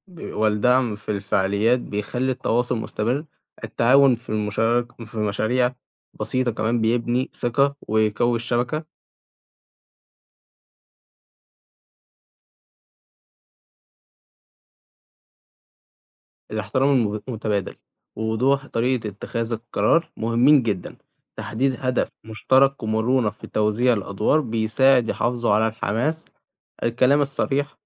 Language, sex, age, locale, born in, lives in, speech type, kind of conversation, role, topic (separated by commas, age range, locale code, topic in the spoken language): Arabic, male, 18-19, ar-EG, Egypt, Egypt, read, expert-assistant, expert, ليه الناس ساعات بتصوت في الانتخابات و ساعات لأ؟ إيه الأسباب اللي بتخلي ناس تشارك سياسياً و ناس تانية تفضل تبعد؟